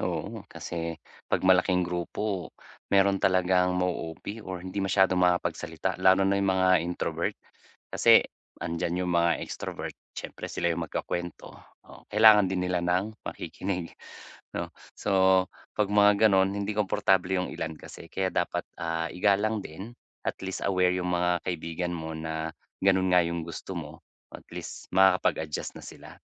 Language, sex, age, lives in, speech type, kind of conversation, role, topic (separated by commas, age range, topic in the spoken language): Filipino, male, 35-39, Philippines, conversation, advice, advisor, Paano ko mababalanse ang pakikisama at oras para sa sarili?